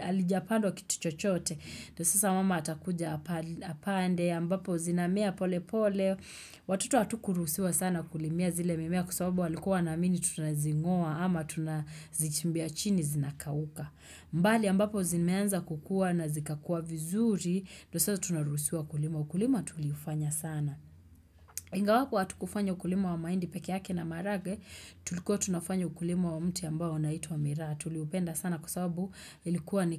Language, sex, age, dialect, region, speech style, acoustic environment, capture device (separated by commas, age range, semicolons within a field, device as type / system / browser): Swahili, female, 25-34, Kenya - Nairobi Sheng-influenced, Kenya, happy, nature, desktop / Windows / Chrome